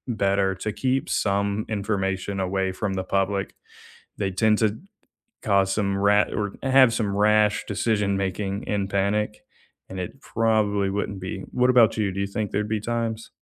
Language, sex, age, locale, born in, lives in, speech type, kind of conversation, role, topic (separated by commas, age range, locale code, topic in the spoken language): English, male, 20-24, en-US, United States, United States, conversation, unstructured, none, Why is transparency important in government?